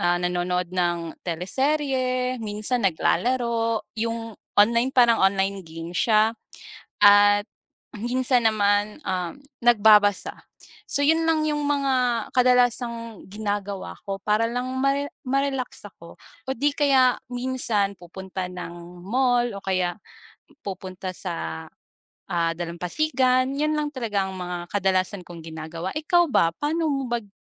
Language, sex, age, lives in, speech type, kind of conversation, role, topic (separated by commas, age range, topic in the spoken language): Filipino, female, 25-29, Philippines, conversation, unstructured, none, Paano mo karaniwang nilalampasan ang stress sa araw-araw?